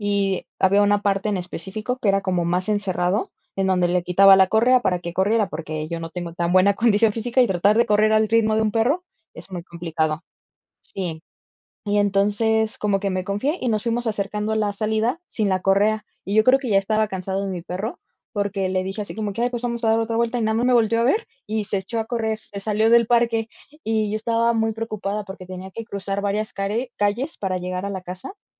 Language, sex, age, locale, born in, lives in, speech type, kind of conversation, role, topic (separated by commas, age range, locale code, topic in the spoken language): Spanish, female, 20-24, es-MX, Mexico, Mexico, conversation, unstructured, none, ¿Cuál es tu animal doméstico favorito y por qué?